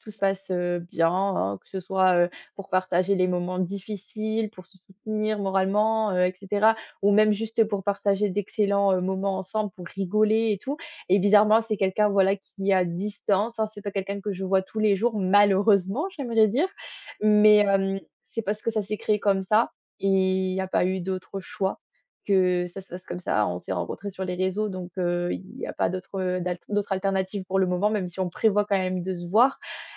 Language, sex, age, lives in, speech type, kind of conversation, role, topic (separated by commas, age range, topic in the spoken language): French, female, 20-24, France, conversation, podcast, guest, Comment définis-tu une vraie amitié ?